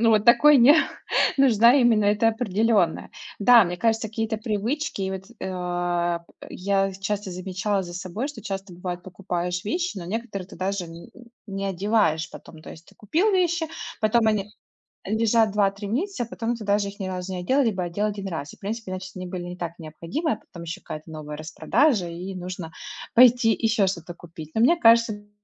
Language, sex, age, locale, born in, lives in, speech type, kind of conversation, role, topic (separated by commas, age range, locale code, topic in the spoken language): Russian, female, 30-34, ru-RU, Russia, United States, conversation, unstructured, none, Почему многим людям сложно регулярно откладывать деньги?